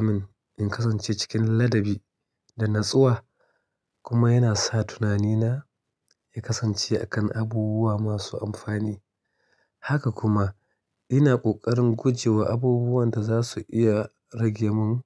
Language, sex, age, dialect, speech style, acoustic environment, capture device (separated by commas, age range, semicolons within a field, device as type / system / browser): Hausa, male, 35-44, Nigeria - Kano, relaxed, silence, mobile / Linux / Chrome